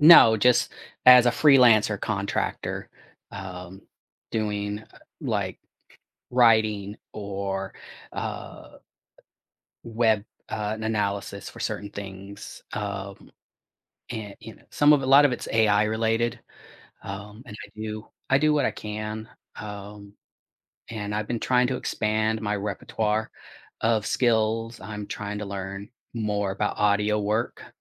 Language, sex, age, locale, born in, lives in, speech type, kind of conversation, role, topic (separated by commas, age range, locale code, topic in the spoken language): English, male, 45-49, en-US, United States, United States, conversation, advice, user, How can I manage my financial stress and make a plan to pay off debt?